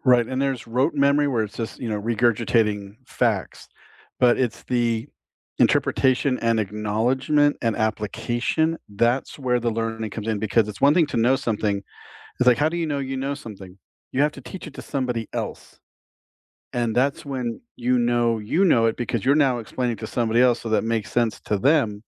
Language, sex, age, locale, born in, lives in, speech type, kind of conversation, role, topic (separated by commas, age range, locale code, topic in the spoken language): English, male, 50-54, en-US, United States, United States, conversation, unstructured, none, Should schools focus more on testing or on creativity?